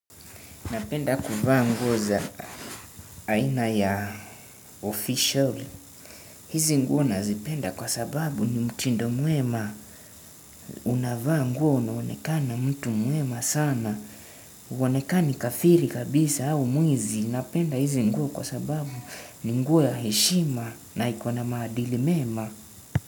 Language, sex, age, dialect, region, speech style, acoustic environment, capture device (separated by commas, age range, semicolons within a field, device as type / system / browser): Swahili, male, 18-24, Kenya - Nairobi Sheng-influenced, Kenya, tired, music, desktop / Windows / Chrome